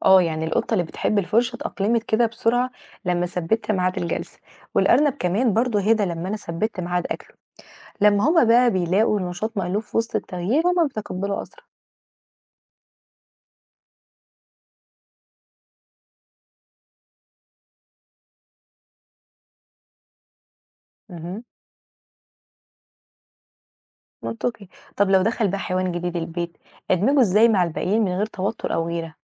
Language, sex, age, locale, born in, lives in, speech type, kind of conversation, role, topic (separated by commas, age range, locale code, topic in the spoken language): Arabic, female, 20-24, ar-EG, Egypt, Egypt, read, expert-assistant, asker, إزاي أنظم وقتي وأولوياتي لتدريب أكتر من حيوان أليف في نفس الوقت، خصوصاً لو كل واحد فيهم ليه احتياجات وتصرفات مختلفة؟